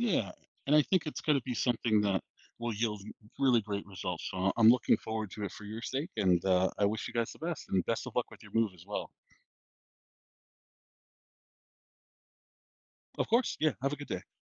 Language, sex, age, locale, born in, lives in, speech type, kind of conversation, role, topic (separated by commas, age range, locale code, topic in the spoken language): English, male, 40-44, en-US, United States, United States, conversation, advice, advisor, How can I meet new people and build meaningful friendships after moving to a new city?